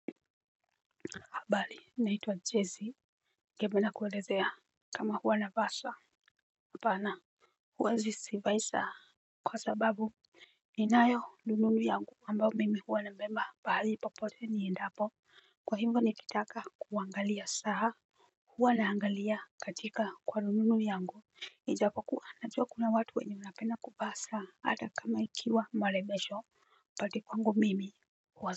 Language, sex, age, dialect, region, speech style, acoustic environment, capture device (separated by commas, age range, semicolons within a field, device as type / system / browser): Swahili, female, 35-44, Kenya - Nairobi Sheng-influenced, Kenya, focused, silence, mobile / Linux / Chrome